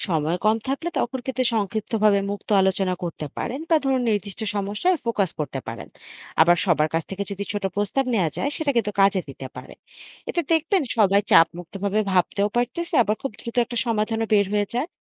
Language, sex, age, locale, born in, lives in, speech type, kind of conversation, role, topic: Bengali, female, 25-29, bn-BD, Bangladesh, Bangladesh, read, expert-assistant, expert, ভিডিও গেম খেলার দক্ষতা দ্রুত বাড়ানোর সবচেয়ে কার্যকর কৌশলগুলো কী কী, এবং এগুলো কীভাবে আমাদের অন্যান্য জীবনের দক্ষতার বিকাশে সাহায্য করতে পারে?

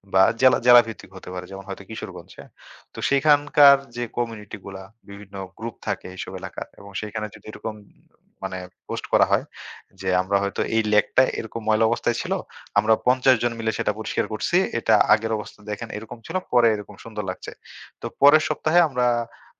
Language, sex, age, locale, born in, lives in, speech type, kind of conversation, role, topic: Bengali, male, 25-29, bn-BD, Bangladesh, Bangladesh, conversation, podcast, guest, নদী-নালা পরিষ্কারের কাজে সাধারণ মানুষ কীভাবে যুক্ত হতে পারে?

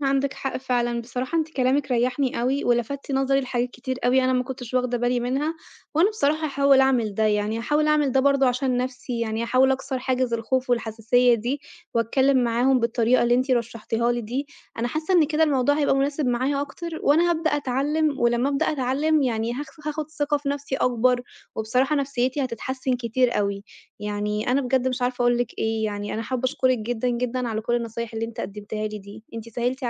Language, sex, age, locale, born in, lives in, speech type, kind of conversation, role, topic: Arabic, female, 25-29, ar-EG, Egypt, Italy, conversation, advice, user, إزاي كان إحساسك أول ما بدأت شغل جديد وحسّيت إنك مش كفء أو مش منتمي؟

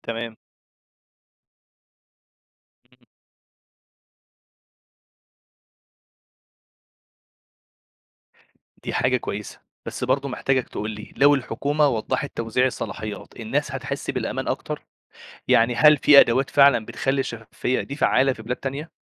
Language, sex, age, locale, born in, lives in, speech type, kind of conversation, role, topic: Arabic, male, 25-29, ar-EG, Egypt, Egypt, read, expert-assistant, asker, هل المركزية في الإدارة الحكومية أحسن ولا اللامركزية بتدي نتائج أفضل في مصر؟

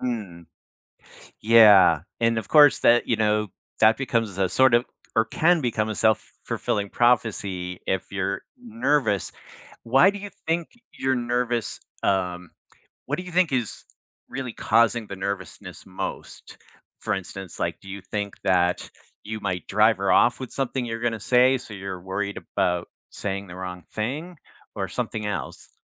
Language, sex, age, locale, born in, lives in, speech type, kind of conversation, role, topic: English, male, 60-64, en-US, United States, United States, conversation, advice, advisor, How do I prepare and feel confident for my first date after a long break?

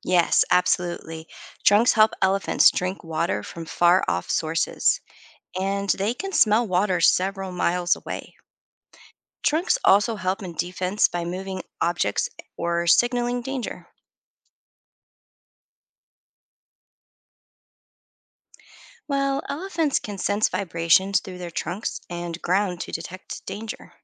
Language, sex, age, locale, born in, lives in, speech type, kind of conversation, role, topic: English, female, 40-44, en-US, United States, United States, read, expert-assistant, expert, What animal is known for its long trunk?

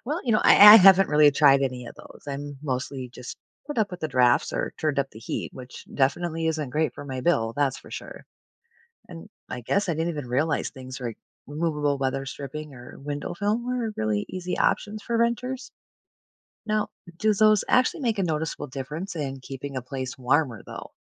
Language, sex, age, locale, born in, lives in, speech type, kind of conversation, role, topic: English, female, 45-49, en-US, United States, United States, read, expert-assistant, asker, How can families manage sudden increases in everyday costs?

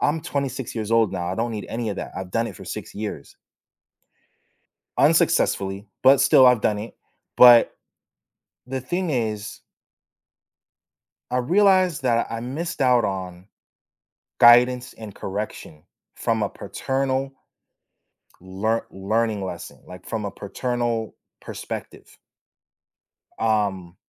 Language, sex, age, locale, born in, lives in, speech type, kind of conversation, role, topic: English, male, 25-29, en-US, United States, United States, conversation, podcast, guest, What are some effective ways people manage stress and maintain well-being?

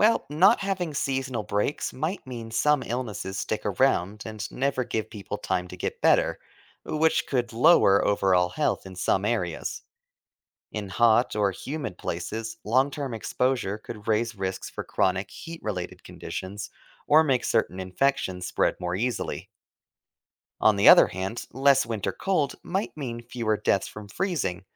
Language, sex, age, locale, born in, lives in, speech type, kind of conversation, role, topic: English, male, 25-29, en-US, United States, United States, read, expert-assistant, expert, How would life change if Earth had no seasons?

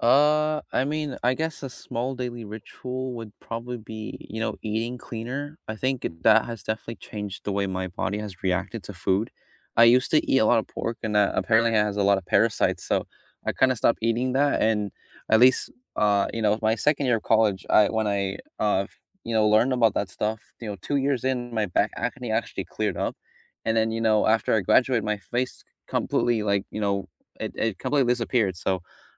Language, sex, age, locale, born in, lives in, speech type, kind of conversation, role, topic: English, male, 20-24, en-US, United States, United States, conversation, unstructured, none, What small daily ritual makes your day better?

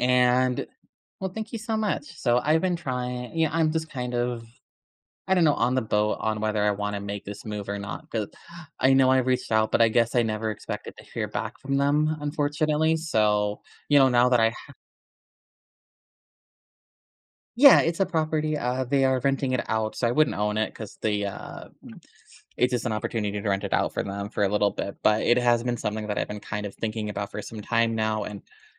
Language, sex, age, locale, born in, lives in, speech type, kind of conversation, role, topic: English, male, 25-29, en-US, United States, United States, conversation, advice, user, How should I decide whether to move to a new city?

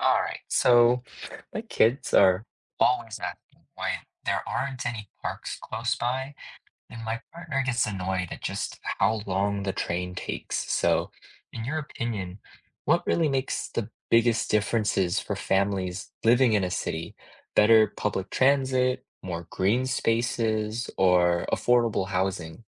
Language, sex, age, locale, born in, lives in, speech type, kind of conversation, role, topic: English, male, 25-29, en-US, United States, United States, read, expert-assistant, asker, Should cities focus on transit, parks, or housing?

